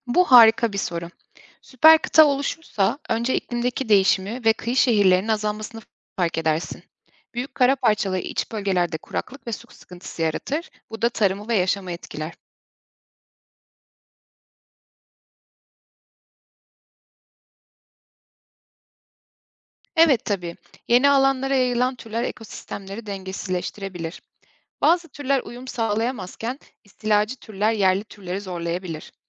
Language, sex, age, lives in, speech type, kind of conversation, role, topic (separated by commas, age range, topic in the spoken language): Turkish, female, 30-34, Germany, read, expert-assistant, expert, Eğer dünyadaki tüm kıtalar tek bir süper kıta hâline yeniden birleşseydi, jeolojik ve günlük hayatımızda ne gibi değişiklikler olurdu?